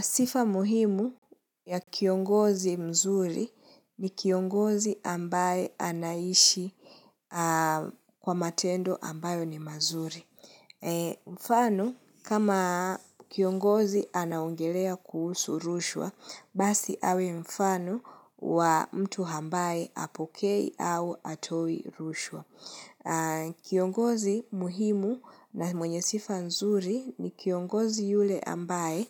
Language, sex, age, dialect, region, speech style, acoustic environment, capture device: Swahili, female, 25-34, Kenya - Nairobi Sheng-influenced, Kenya, relaxed; focused, silence, mobile / Linux / Chrome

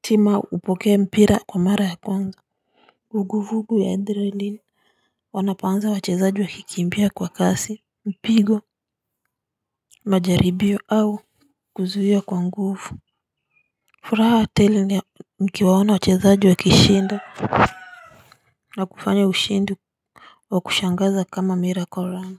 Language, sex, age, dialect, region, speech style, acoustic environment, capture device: Swahili, female, 35-44, Kenya - Nairobi Sheng-influenced, Kenya, nervous, silence; nature, mobile / Linux / Chrome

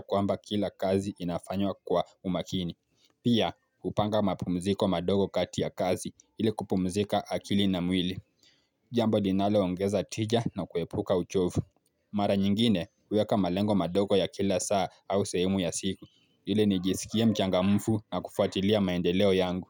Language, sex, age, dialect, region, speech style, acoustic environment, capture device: Swahili, male, 18-24, Kenya - Mombasa, Kenya, focused, nature, mobile / Linux / Chrome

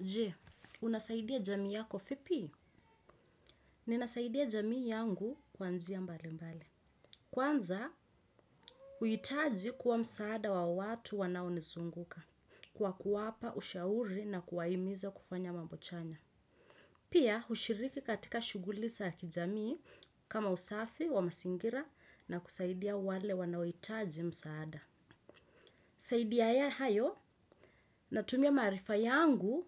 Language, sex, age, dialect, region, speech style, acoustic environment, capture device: Swahili, female, 25-34, Kenya - Mombasa, Kenya, focused, nature, desktop / Windows / Chrome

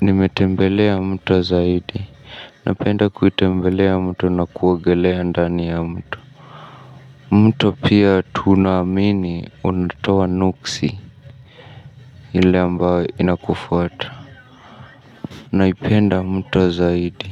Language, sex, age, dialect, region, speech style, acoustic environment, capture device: Swahili, male, 25-34, Kenya - Nairobi Sheng-influenced, Kenya, stressed; nervous; tired, people talking; music, mobile / Linux / Chrome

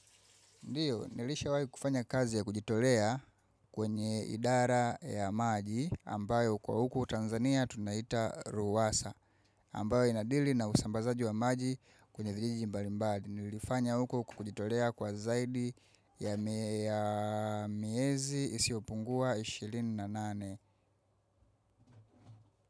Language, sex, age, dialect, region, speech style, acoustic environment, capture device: Swahili, female, 25-34, Tanzania - Dar es Salaam, Tanzania, focused; excited; relaxed, silence, mobile / macOS / Safari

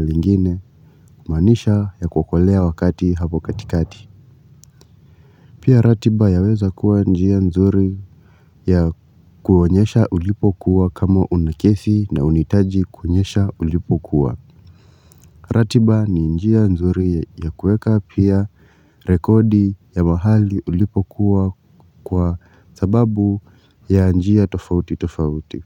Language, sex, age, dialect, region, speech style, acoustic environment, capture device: Swahili, male, 25-34, Kenya - Nairobi Sheng-influenced, Kenya, relaxed, silence, mobile / Linux / Chrome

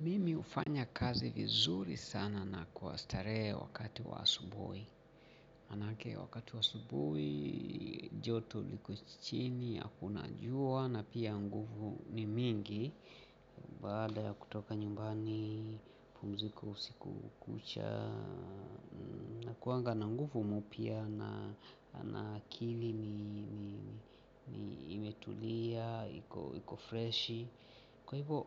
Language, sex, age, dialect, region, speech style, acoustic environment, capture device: Swahili, male, 45-54, Kenya - Mombasa, Kenya, relaxed, people talking; appliances, mobile / Linux / Chrome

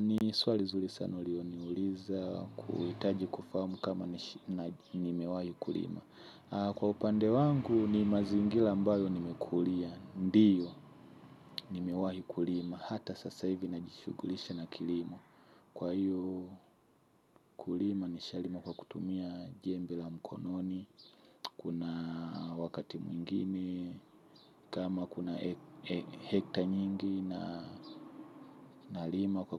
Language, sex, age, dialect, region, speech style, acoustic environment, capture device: Swahili, male, 25-34, Tanzania - Dar es Salaam, Tanzania, happy, silence, mobile / Linux / Chrome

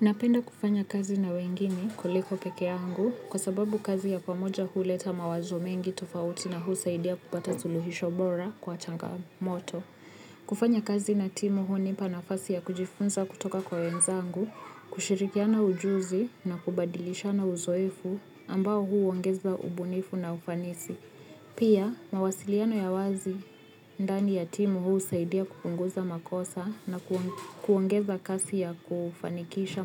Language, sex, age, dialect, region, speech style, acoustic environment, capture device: Swahili, female, 25-34, Kenya - Nairobi Sheng-influenced, Kenya, happy, people talking; music, mobile / Linux / Chrome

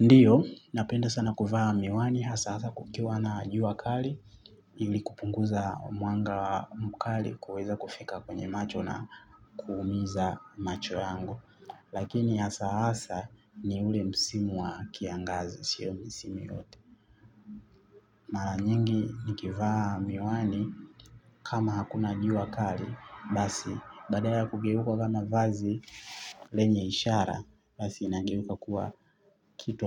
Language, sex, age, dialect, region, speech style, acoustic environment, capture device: Swahili, male, 25-34, Tanzania - Dar es Salaam, Tanzania, excited; happy, traffic, mobile / Linux / Chrome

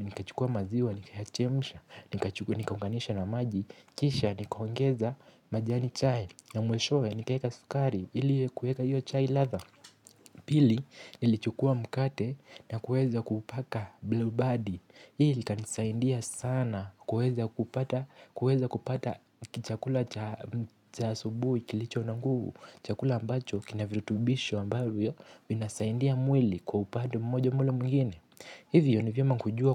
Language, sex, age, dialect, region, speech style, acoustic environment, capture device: Swahili, male, 18-24, Kenya - Nairobi Sheng-influenced, Kenya, tired; relaxed; excited; focused, music; nature; silence, mobile / Linux / Chrome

